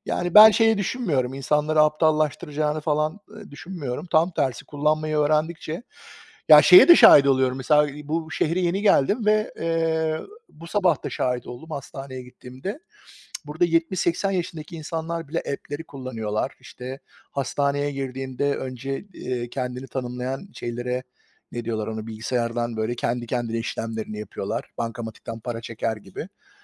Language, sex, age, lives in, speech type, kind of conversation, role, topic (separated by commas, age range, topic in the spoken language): Turkish, male, 45-49, United States, conversation, unstructured, none, Teknolojinin günlük hayatınızı nasıl etkilediğini düşünüyorsunuz?